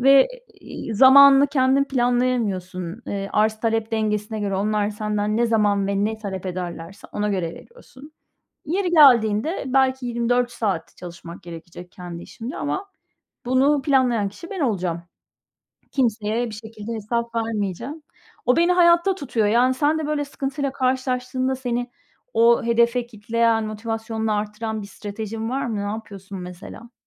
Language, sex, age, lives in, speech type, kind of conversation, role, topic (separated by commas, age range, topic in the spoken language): Turkish, female, 45-49, Spain, conversation, unstructured, none, Gelecekte hangi mesleği yapmak istersin ve hayallerindeki hayata ulaşmak için önümüzdeki beş yıl içinde neler yapmayı planlıyorsun?